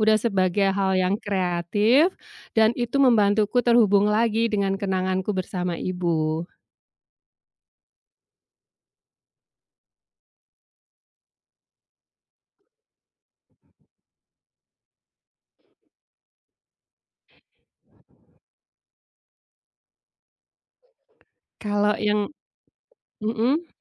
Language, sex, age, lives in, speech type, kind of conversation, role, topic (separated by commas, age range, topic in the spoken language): Indonesian, female, 45-49, Indonesia, conversation, podcast, guest, Kenapa kamu suka masak sendiri?